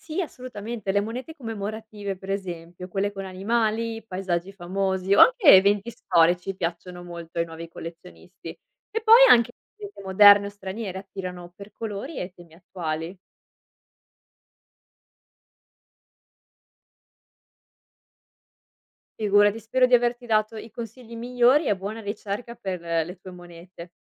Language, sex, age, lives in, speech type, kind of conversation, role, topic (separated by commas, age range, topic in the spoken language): Italian, female, 25-29, Italy, read, expert-assistant, expert, Collezionare per passione o per investimento: quale approccio dà più soddisfazione nel tempo?